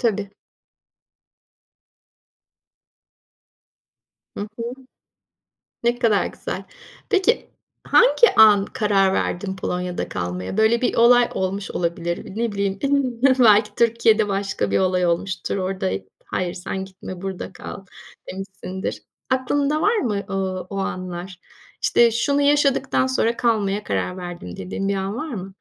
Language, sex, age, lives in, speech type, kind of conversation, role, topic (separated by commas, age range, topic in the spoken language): Turkish, female, 50-54, Spain, conversation, podcast, host, Hayatında aldığın en cesur karar neydi?